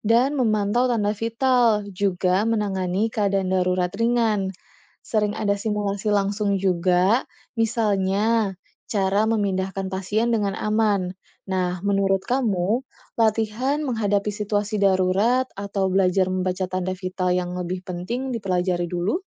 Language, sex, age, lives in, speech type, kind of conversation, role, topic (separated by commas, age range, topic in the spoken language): Indonesian, female, 30-34, Indonesia, read, expert-assistant, expert, Lebih baik merawat orang sakit di rumah bersama keluarga, atau di rumah sakit dengan perawat profesional? Apa kelebihan dan kekurangannya?